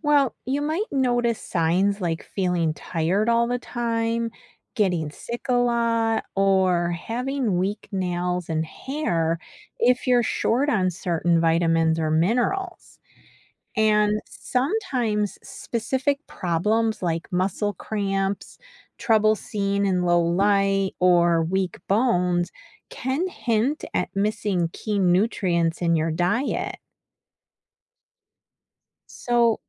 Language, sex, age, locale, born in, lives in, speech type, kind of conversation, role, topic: English, female, 50-54, en-US, United States, United States, read, expert-assistant, expert, Why do we need vitamins and minerals in our diet?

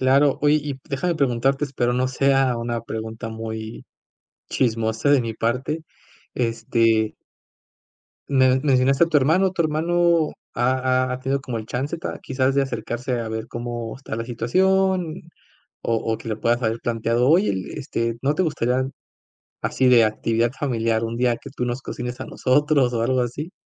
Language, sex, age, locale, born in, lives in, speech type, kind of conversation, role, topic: Spanish, male, 25-29, es-MX, Mexico, Mexico, conversation, advice, advisor, ¿Por qué te cuesta mantener la motivación para comer más saludablemente todos los días?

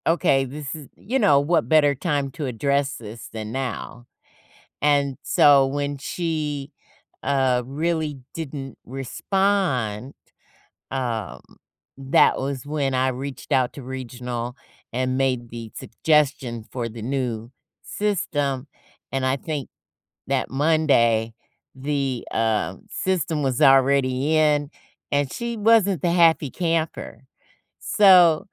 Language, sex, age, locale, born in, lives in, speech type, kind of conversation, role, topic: English, female, 55-59, en-US, United States, United States, conversation, podcast, guest, How do workplace challenges affect employees and what can be done to address them?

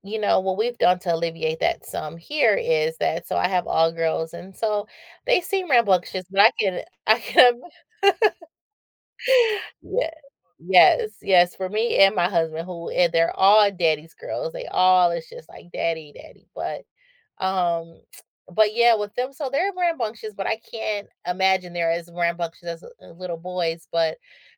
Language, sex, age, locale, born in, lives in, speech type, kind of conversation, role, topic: English, female, 35-39, en-US, United States, United States, conversation, unstructured, none, What factors help you decide whether to spend your weekend indoors or outdoors?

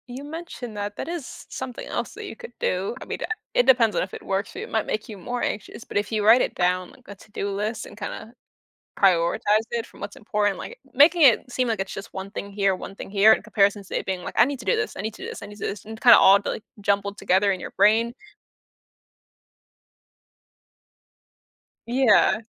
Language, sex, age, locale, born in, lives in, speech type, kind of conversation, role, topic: English, female, 20-24, en-US, United States, United States, conversation, advice, advisor, How do I clarify my core values and set priorities that reflect them?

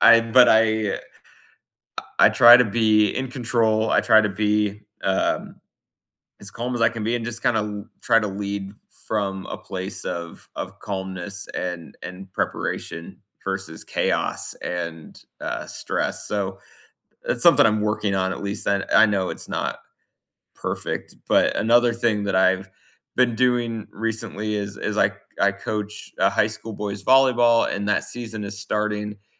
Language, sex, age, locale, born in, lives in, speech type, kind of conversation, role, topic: English, male, 35-39, en-US, United States, United States, conversation, unstructured, none, What small daily routine has made a big difference in your life and relationships?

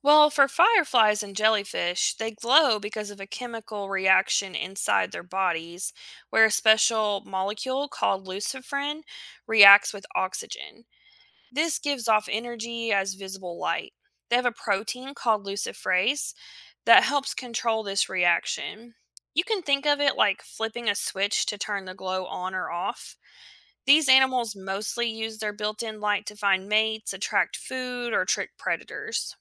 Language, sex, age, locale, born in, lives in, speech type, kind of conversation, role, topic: English, female, 35-39, en-US, United States, United States, read, expert-assistant, expert, Why do some objects glow in the dark?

